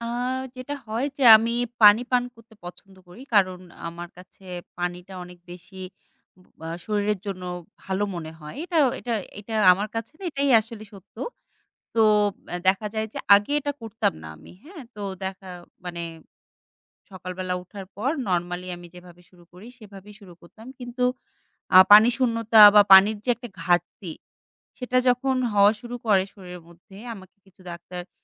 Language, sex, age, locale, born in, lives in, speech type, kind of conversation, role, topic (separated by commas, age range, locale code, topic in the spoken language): Bengali, female, 25-29, bn-BD, Bangladesh, Bangladesh, conversation, podcast, guest, তুমি সকালে উঠেই সাধারণত কী কী রুটিন মেনে চল?